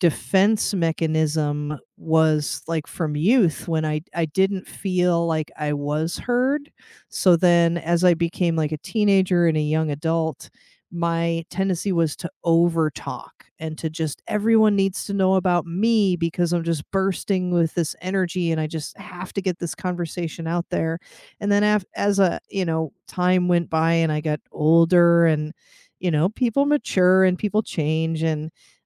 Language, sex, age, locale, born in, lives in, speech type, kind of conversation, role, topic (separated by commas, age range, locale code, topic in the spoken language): English, female, 40-44, en-US, United States, United States, conversation, unstructured, none, How do you stay respectful, feel heard, and keep your relationship strong when you disagree?